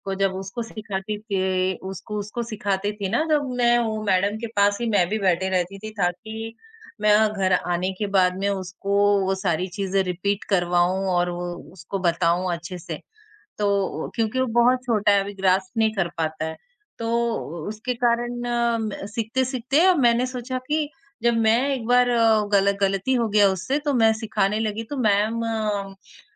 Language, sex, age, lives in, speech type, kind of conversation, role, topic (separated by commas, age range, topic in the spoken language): Hindi, female, 20-24, India, conversation, podcast, guest, समय के साथ संगीत में आपकी पसंद कैसे बदली है?